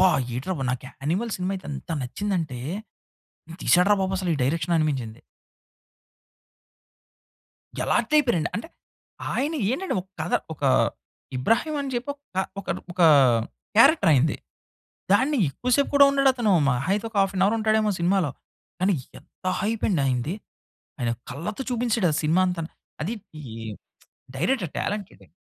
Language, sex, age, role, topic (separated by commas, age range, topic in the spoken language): Telugu, male, 30-34, guest, మీకు స్ఫూర్తినిచ్చిన సినిమా గురించి చెప్పగలరా?